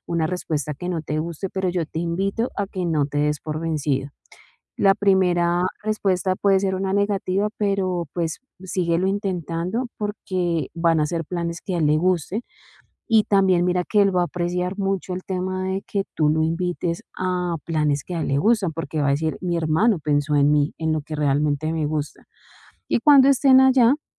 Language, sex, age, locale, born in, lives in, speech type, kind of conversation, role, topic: Spanish, female, 40-44, es-CO, Colombia, Spain, conversation, advice, advisor, ¿Cómo puedo mejorar la comunicación con mis hermanos?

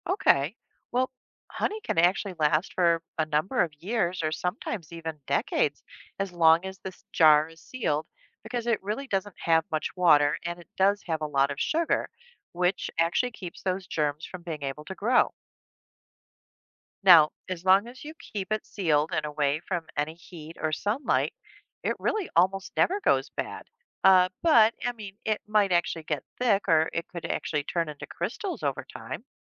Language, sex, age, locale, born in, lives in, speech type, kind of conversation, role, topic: English, female, 55-59, en-US, United States, United States, read, expert-assistant, expert, How is honey made, stored, and kept fresh from hive to jar?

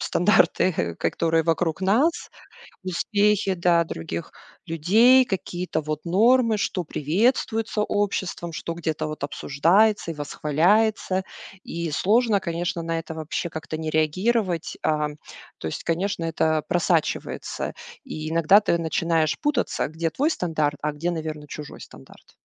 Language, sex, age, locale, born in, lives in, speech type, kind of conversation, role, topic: Russian, female, 40-44, ru-RU, Russia, United States, conversation, podcast, guest, Как перестать измерять свой успех чужими стандартами?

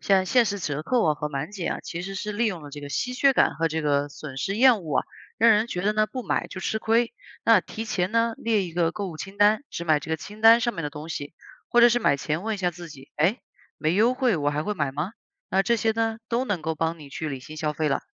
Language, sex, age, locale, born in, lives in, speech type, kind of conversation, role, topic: Chinese, female, 30-34, zh-CN, China, United States, read, expert-assistant, expert, 有哪些实用的方式，可以帮助我们识别自己在花钱时容易掉进的“心理陷阱”？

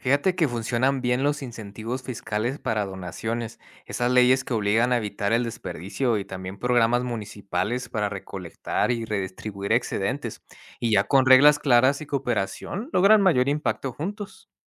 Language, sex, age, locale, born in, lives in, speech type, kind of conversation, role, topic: Spanish, male, 30-34, es-MX, United States, Mexico, read, expert-assistant, expert, ¿Por qué existen diferencias en la forma en que se manejan los alimentos en mercados tradicionales versus supermercados modernos?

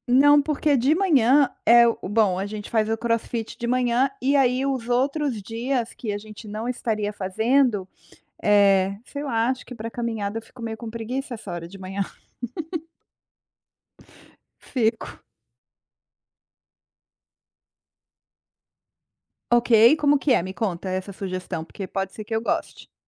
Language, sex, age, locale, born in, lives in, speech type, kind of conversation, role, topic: Portuguese, female, 45-49, pt-BR, Brazil, United States, conversation, advice, user, Como transformar sessões curtas em um hábito diário?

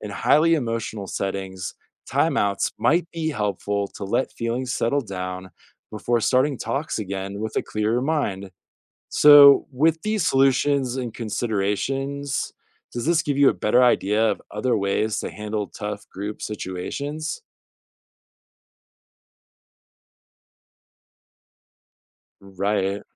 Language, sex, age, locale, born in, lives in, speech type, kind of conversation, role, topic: English, male, 30-34, en-US, United States, United States, read, expert-assistant, expert, How do different cultures approach conflict resolution?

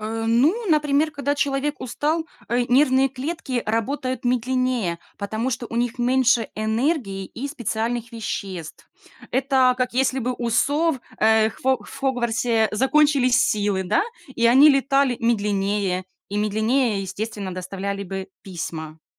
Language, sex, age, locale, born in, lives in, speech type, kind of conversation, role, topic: Russian, female, 30-34, ru-RU, Ukraine, Spain, read, expert-assistant, expert, Какие приёмы помогают легче понять процессы в нашем организме?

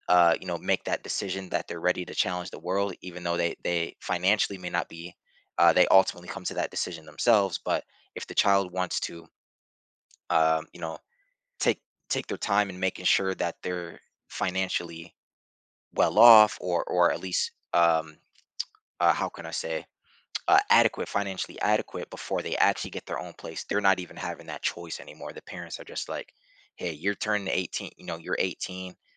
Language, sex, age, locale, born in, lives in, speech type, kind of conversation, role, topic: English, male, 30-34, en-US, United States, United States, conversation, advice, advisor, How can I manage stress from money worries?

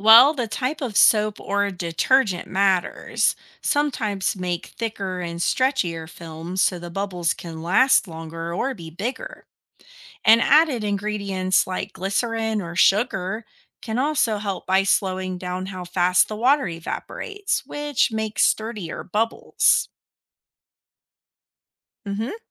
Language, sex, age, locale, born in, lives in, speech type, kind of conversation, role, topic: English, female, 35-39, en-US, United States, United States, read, expert-assistant, expert, Why do bubbles form in soapy water?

